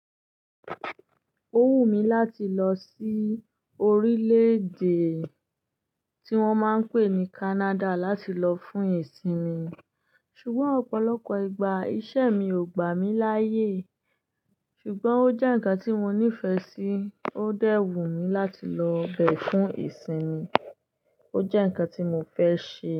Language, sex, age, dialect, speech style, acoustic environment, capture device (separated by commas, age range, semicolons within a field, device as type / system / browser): Yoruba, female, 25-34, Nigeria - Ibadan, happy, silence, desktop / Linux / Chrome